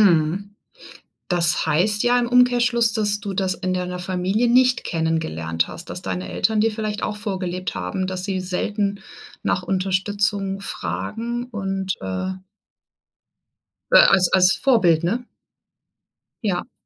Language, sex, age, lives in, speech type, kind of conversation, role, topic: German, female, 40-44, Germany, conversation, podcast, host, Wie bittest du um Unterstützung, ohne dich schuldig zu fühlen?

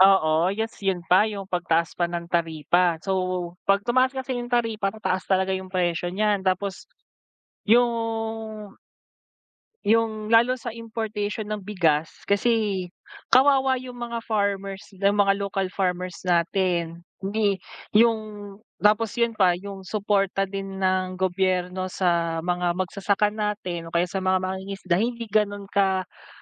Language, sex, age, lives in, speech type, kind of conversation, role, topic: Filipino, male, 25-29, Philippines, conversation, unstructured, none, Ano ang reaksyon mo sa mga korporasyong nagpapataw ng mataas na presyo?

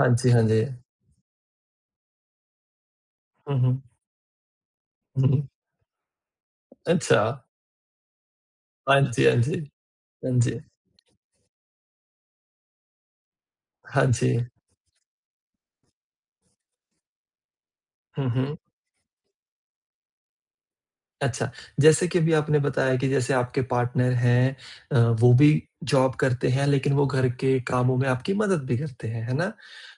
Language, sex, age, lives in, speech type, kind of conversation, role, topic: Hindi, male, 30-34, India, conversation, podcast, host, Kaise balance karte ho office aur ghar ke chores?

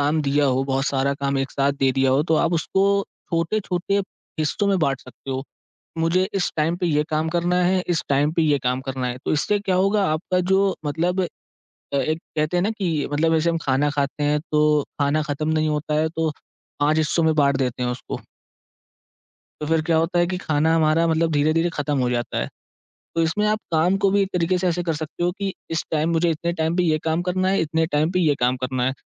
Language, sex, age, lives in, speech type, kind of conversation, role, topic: Hindi, male, 18-19, India, conversation, advice, advisor, आप काम पर गहरी एकाग्रता क्यों नहीं बना पा रहे हैं?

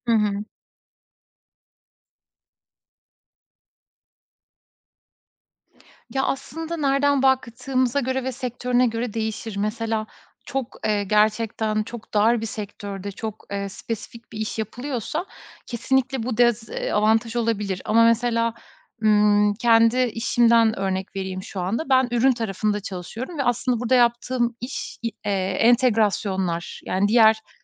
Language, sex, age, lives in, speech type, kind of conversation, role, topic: Turkish, female, 35-39, Estonia, conversation, podcast, guest, Yeni bir sektöre geçerken atacağın ilk adım ne olur?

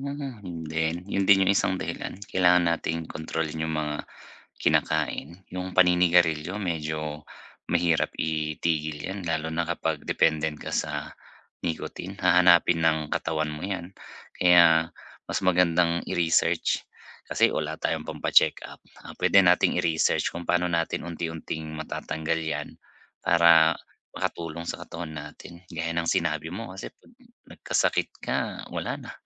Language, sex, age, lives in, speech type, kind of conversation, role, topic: Filipino, male, 35-39, Philippines, conversation, advice, advisor, Paano ko mababawasan ang tensyon na dulot ng araw-araw na stress?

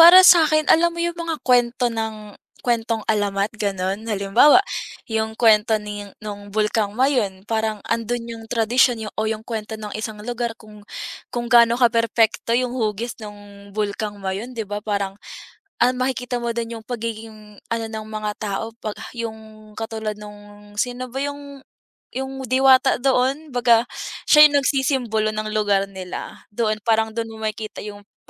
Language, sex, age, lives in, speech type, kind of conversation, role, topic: Filipino, female, 20-24, Philippines, conversation, unstructured, none, Bakit mahalaga ang sining sa kultura ng isang bansa?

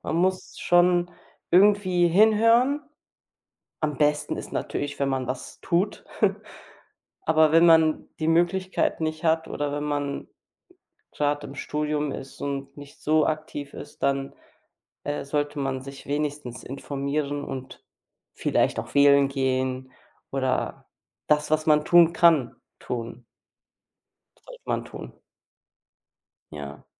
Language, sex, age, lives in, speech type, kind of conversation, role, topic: German, female, 30-34, Germany, conversation, unstructured, none, Wie informierst du dich täglich über das aktuelle Geschehen?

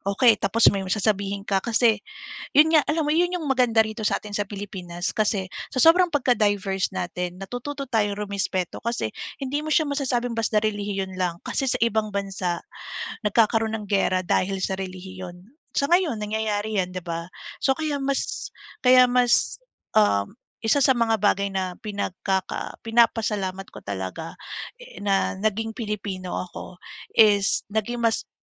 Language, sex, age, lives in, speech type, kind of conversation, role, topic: Filipino, female, 35-39, Philippines, conversation, unstructured, none, Paano mo ipinapakita ang respeto sa ibang relihiyon o kultura?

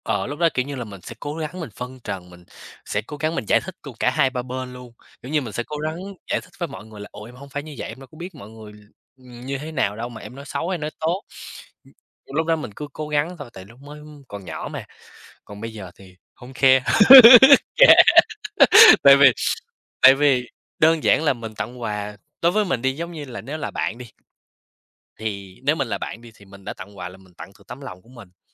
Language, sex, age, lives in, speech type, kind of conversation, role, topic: Vietnamese, male, 20-24, United States, conversation, unstructured, none, Bạn có bao giờ cảm thấy người khác hiểu lầm về con người thật của mình không?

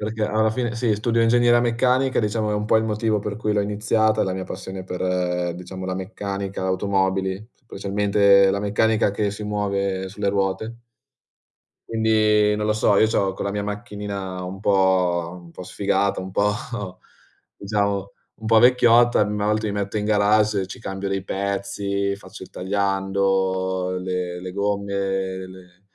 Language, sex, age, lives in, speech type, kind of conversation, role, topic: Italian, male, 20-24, Italy, conversation, unstructured, none, In che modo coltivare un hobby migliora la tua vita quotidiana?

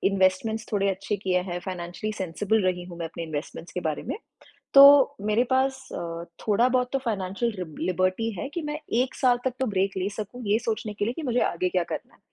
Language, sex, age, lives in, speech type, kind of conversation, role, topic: Hindi, female, 30-34, India, conversation, podcast, guest, Tum apne shauk aur kamaai ke beech ka santulan kaise banate ho?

